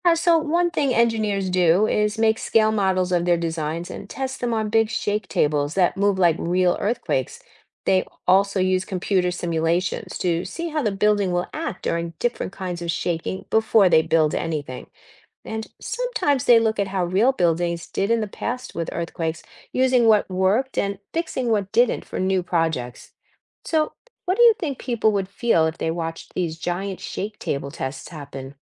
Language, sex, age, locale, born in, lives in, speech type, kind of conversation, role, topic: English, female, 60-64, en-US, United States, United States, read, expert-assistant, expert, What causes earthquakes and tectonic plate movement?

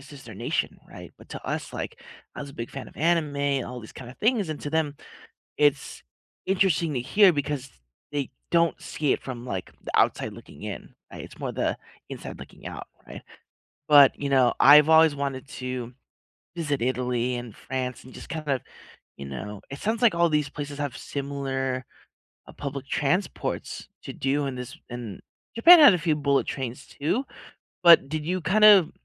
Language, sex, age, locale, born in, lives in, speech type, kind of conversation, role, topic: English, male, 30-34, en-US, United States, United States, conversation, unstructured, none, How do you like exploring a city—by train, bus, or bike—and what makes it special to you?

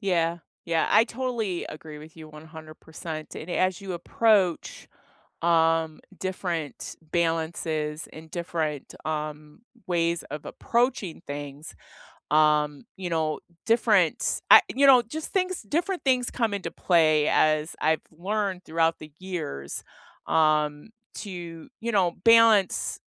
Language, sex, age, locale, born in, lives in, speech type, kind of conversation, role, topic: English, female, 55-59, en-US, United States, United States, conversation, unstructured, none, How do you balance rest, work, and play while staying connected?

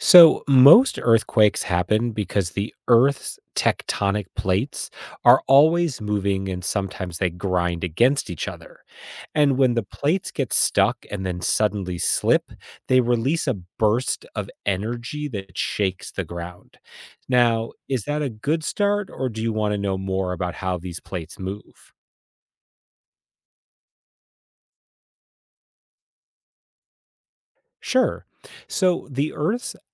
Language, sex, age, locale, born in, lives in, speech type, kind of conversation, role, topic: English, male, 45-49, en-US, United States, United States, read, expert-assistant, expert, How do earthquakes happen?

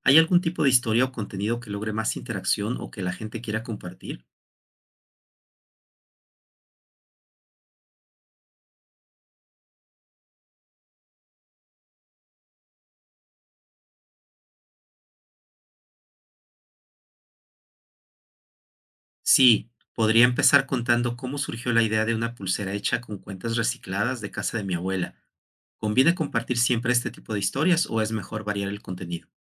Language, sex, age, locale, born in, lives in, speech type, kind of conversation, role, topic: Spanish, male, 55-59, es-MX, Mexico, Mexico, read, expert-assistant, asker, ¿Qué ideas se te ocurren para hacer el comercio entre países más justo para todos?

